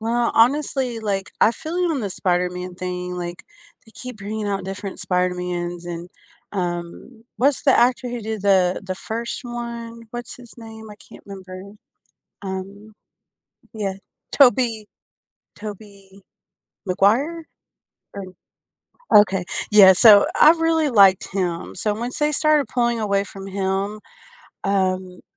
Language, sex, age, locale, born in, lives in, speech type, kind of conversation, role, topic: English, female, 35-39, en-US, United States, United States, conversation, unstructured, none, When do remakes or updates actually improve a classic for you?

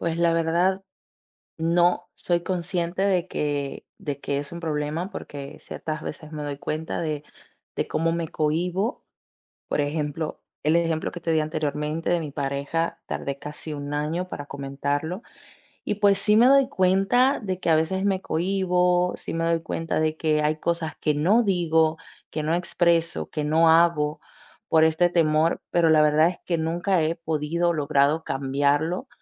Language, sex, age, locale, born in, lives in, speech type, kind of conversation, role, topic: Spanish, female, 20-24, es-DO, Dominican Republic, Italy, conversation, advice, user, ¿Cómo puedo dejar de preocuparme por lo que piensan los demás y actuar con libertad?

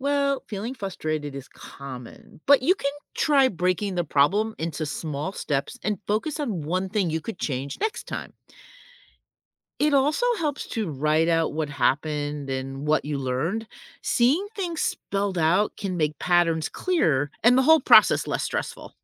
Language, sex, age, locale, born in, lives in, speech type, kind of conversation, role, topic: English, female, 60-64, en-US, United States, United States, read, expert-assistant, expert, What are the benefits of learning from failure?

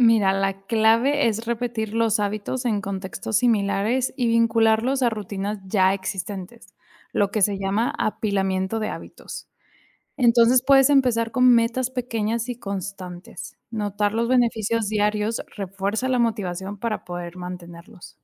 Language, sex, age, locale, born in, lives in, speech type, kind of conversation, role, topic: Spanish, female, 25-29, es-MX, Mexico, Mexico, read, expert-assistant, expert, ¿Cuál es la mejor manera de aprender a manejar el estrés en la vida diaria?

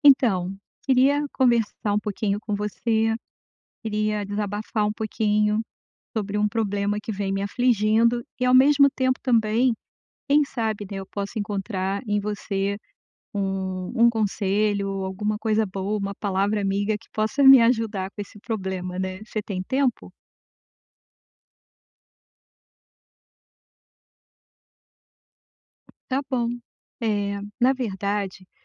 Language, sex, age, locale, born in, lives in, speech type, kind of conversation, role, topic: Portuguese, female, 55-59, pt-BR, Brazil, United States, conversation, advice, user, Como posso manter minha autoestima depois de receber um feedback negativo?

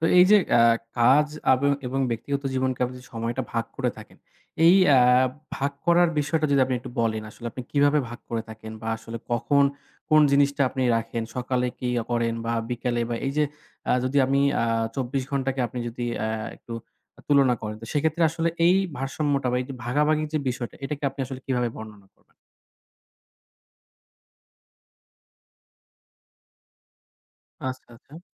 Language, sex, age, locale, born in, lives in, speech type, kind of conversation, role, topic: Bengali, male, 25-29, bn-BD, Bangladesh, Bangladesh, conversation, podcast, host, আপনি কাজ ও ব্যক্তিগত জীবনের মধ্যে ভারসাম্য কীভাবে বজায় রাখেন?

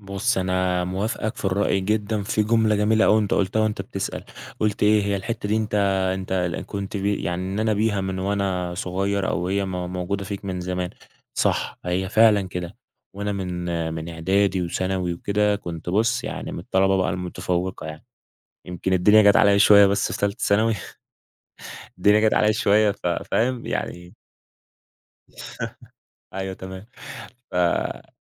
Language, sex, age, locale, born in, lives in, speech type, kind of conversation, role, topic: Arabic, male, 20-24, ar-EG, Egypt, Egypt, conversation, podcast, guest, إيه اللي بيحمّسك تكمّل تتعلّم طول عمرك؟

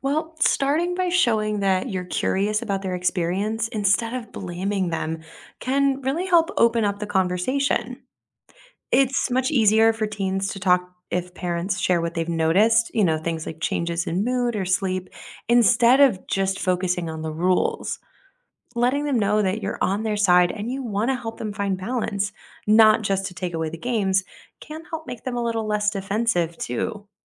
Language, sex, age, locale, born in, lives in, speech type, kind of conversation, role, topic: English, female, 30-34, en-US, United States, United States, read, expert-assistant, expert, What are the positive and negative effects of video games on teenagers?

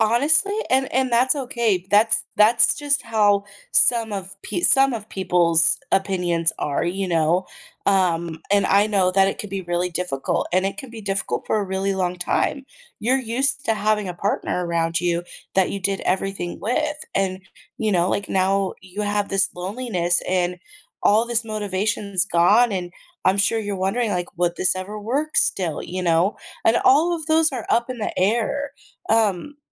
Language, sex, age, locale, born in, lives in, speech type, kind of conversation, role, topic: English, female, 30-34, en-US, United States, United States, conversation, advice, advisor, How do I stop feeling lost and move forward after a breakup?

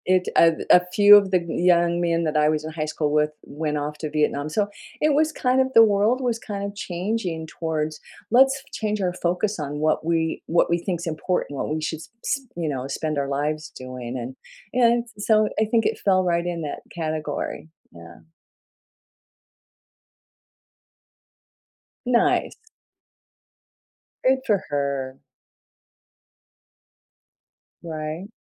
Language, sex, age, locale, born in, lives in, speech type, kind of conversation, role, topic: English, female, 70-74, en-US, United States, United States, conversation, podcast, guest, How can a memorable school project impact your learning or interests?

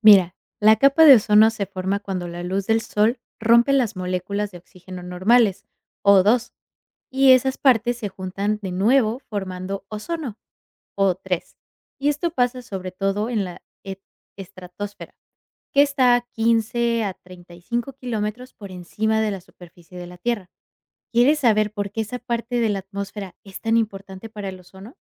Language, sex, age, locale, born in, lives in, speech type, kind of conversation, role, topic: Spanish, female, 25-29, es-MX, Mexico, United States, read, expert-assistant, expert, ¿Por qué la capa de ozono es esencial para la vida en la Tierra?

